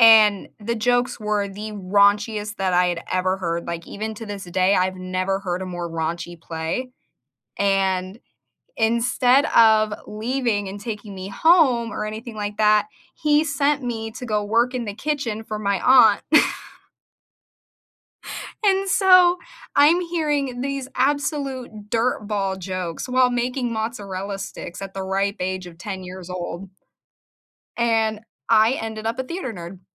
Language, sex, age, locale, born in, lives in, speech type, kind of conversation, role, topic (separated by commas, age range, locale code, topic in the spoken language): English, female, 25-29, en-US, United States, United States, conversation, unstructured, none, When did you turn an awkward mistake into a joke, and what happened next?